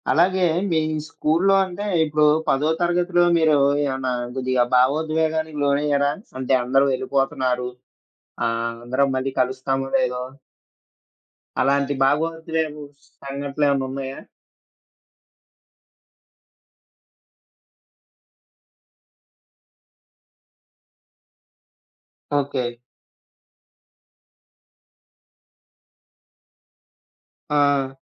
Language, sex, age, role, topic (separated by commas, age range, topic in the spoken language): Telugu, male, 20-24, host, మీకు పాఠశాల రోజుల నుంచి ఇప్పటికీ స్పష్టంగా గుర్తుండిపోయిన జ్ఞాపకం ఏది?